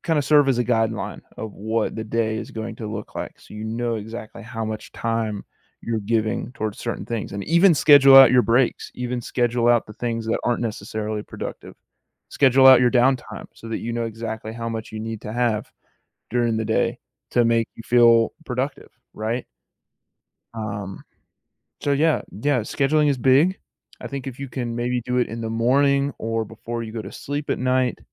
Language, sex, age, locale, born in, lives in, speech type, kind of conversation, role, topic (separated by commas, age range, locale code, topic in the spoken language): English, male, 18-19, en-US, United States, United States, conversation, advice, advisor, What should I do to balance work and find peace?